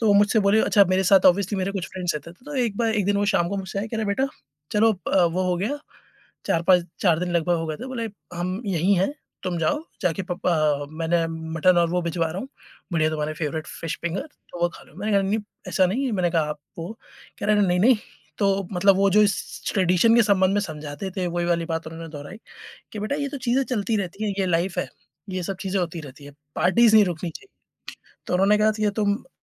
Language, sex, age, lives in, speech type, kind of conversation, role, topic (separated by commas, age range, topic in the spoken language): Hindi, male, 30-34, India, conversation, podcast, guest, Kaunsi parampara aapko mushkil waqt mein sukoon deti hai?